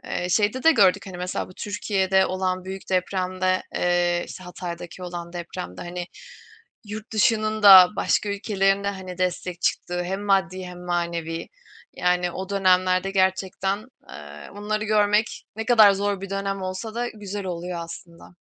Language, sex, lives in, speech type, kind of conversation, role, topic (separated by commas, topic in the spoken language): Turkish, female, Germany, conversation, unstructured, none, Son zamanlarda seni en çok etkileyen haber hangisiydi?